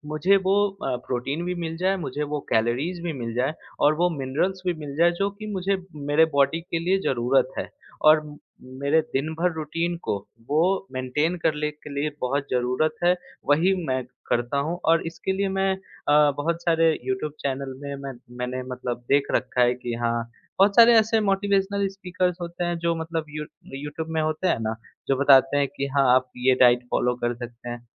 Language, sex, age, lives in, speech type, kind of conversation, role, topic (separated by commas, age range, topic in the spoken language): Hindi, male, 25-29, India, conversation, podcast, guest, Subah productivity badhane ke liye kaunse asaan hacks sabse zyada kaam aate hain?